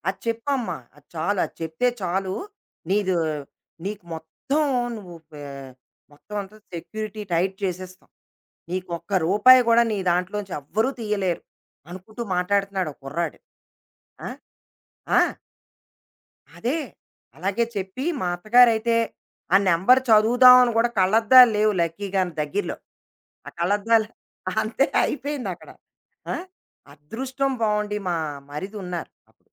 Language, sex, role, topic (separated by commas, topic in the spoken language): Telugu, female, guest, డిజిటల్ జీవితంలో సమతుల్యాన్ని ఎలా కాపాడుకోవాలో మీ సలహా ఏమిటి?